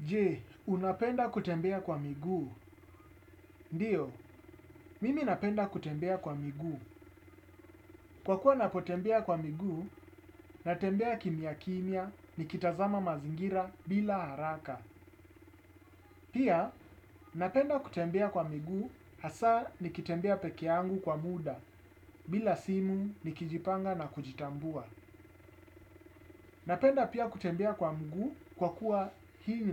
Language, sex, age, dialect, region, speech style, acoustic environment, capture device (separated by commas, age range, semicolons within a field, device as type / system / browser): Swahili, male, 35-44, Kenya - Nairobi Sheng-influenced, Kenya, focused, silence, desktop / Windows / Chrome